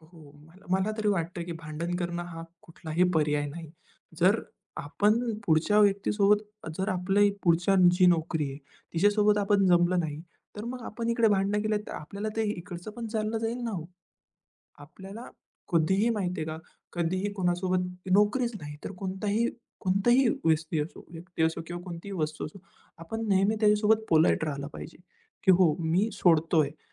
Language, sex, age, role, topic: Marathi, male, 20-24, guest, नोकरी बदलताना कुटुंबाला कसं पटवाल?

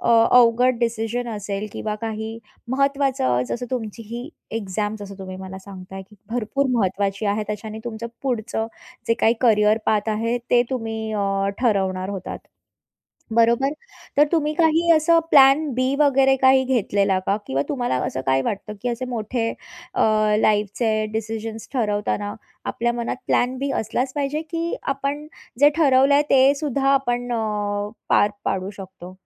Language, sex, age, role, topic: Marathi, female, 30-34, host, अचानक आलेल्या बदलांशी तुम्ही कसे जुळवून घेता?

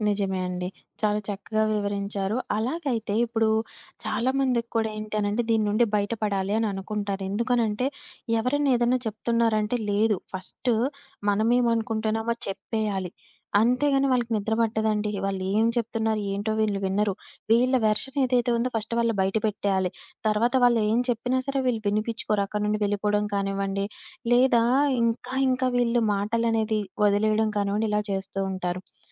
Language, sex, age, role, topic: Telugu, female, 25-29, host, మంచి శ్రోతగా మారడానికి మీ చిట్కాలు ఏమిటి?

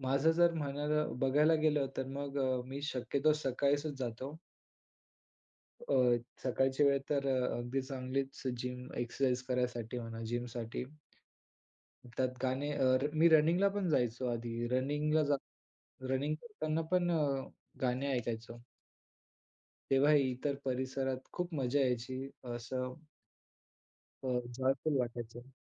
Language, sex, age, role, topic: Marathi, male, 20-24, guest, संगीत ऐकल्यामुळे तुमचा एकाग्रपणा वाढतो का, आणि तो कसा वाढतो?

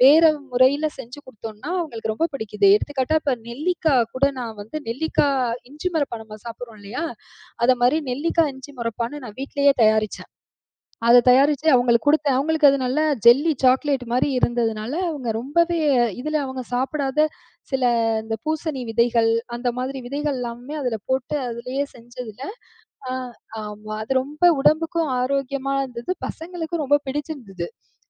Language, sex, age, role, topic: Tamil, female, 35-39, guest, சமையல் செய்வது உங்களுக்கு எப்படிப் மகிழ்ச்சி தருகிறது?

